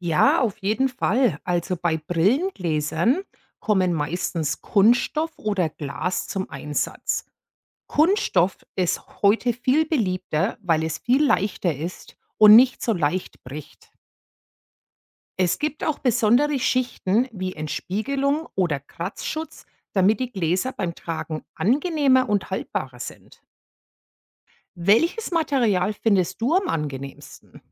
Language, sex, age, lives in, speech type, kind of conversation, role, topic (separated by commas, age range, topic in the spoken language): German, female, 45-49, United States, read, expert-assistant, expert, Wie funktioniert eine Brille?